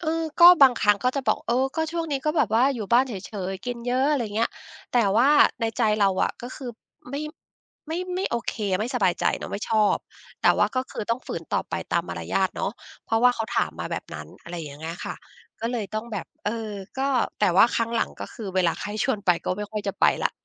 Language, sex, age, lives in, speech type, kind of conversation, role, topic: Thai, female, 50-54, United States, conversation, advice, user, คุณรู้สึกกดดันให้แต่งตัวหรือดูแลรูปลักษณ์ให้เป็นไปตามมาตรฐานของคนอื่นหรือไม่?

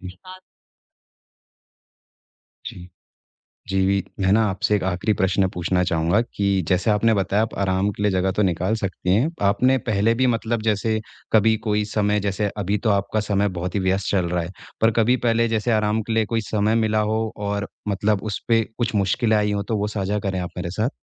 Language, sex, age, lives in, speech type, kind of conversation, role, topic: Hindi, male, 25-29, India, conversation, advice, advisor, मैं काम के बीच बिना अपराधबोध के आराम कैसे कर सकता/सकती हूँ?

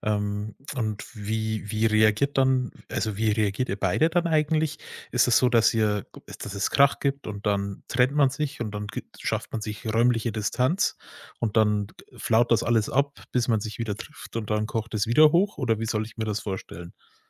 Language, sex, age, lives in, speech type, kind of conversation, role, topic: German, male, 30-34, Germany, conversation, advice, advisor, Welcher Konflikt in deiner Partnerschaft verursacht dir besonders viel Stress?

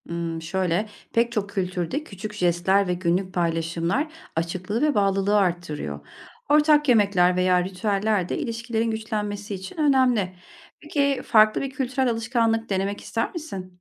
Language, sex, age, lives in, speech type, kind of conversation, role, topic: Turkish, female, 40-44, Germany, read, expert-assistant, expert, Bir ilişkide güveni ve açıklığı artırmak için neler yapabilirim?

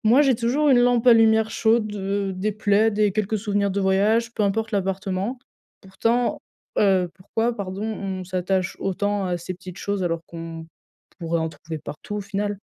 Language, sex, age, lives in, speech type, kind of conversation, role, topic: French, female, 20-24, France, read, expert-assistant, asker, Comment trouver son style chez soi sans copier les tendances ?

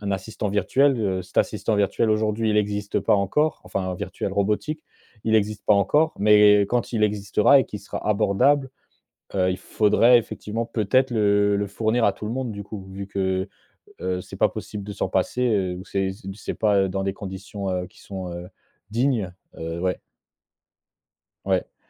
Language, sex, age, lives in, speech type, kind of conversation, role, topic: French, male, 25-29, France, conversation, podcast, guest, Comment la technologie peut-elle aider les personnes âgées à rester autonomes ?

